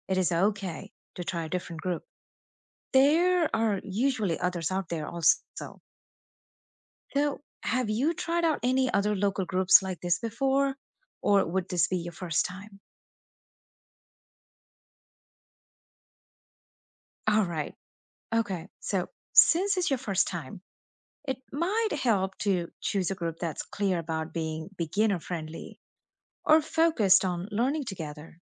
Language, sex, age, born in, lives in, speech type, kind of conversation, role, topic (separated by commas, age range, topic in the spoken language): English, female, 35-39, India, United States, read, expert-assistant, expert, What are unusual hobbies that bring people together?